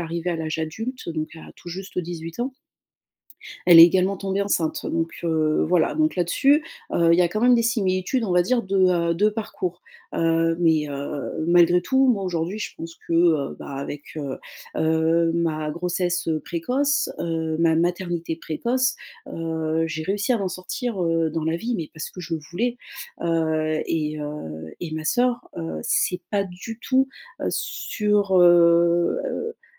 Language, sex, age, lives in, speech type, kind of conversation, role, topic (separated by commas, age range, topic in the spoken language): French, female, 35-39, France, conversation, advice, user, Comment puis-je gérer une rivalité non résolue entre frères et sœurs adultes ?